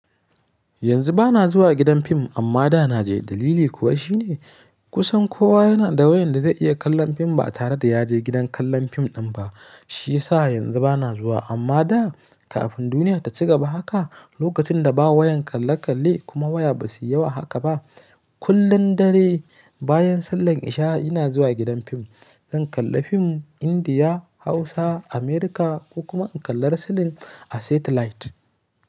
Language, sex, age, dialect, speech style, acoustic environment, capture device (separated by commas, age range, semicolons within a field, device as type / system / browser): Hausa, male, 25-34, Nigeria - Kano, happy, nature; silence, mobile / Linux / Chrome